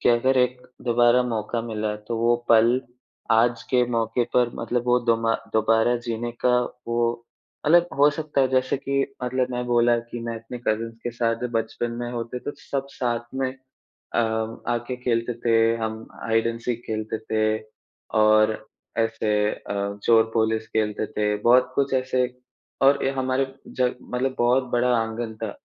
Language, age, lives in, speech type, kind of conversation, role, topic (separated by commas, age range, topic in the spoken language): Hindi, 20-24, India, conversation, unstructured, none, आपके बचपन की कौन-सी खास याद है जो आज भी आपके दिल को छू जाती है?